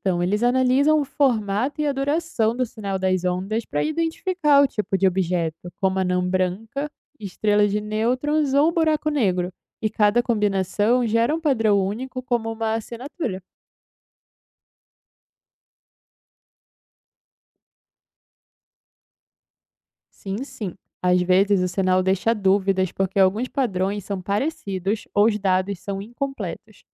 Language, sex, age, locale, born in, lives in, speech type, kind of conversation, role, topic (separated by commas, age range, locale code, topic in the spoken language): Portuguese, female, 20-24, pt-BR, Brazil, Italy, read, expert-assistant, expert, Dizem que todas as estrelas acabam explodindo como supernovas. Isso é mito ou fato? Como é o verdadeiro destino das estrelas?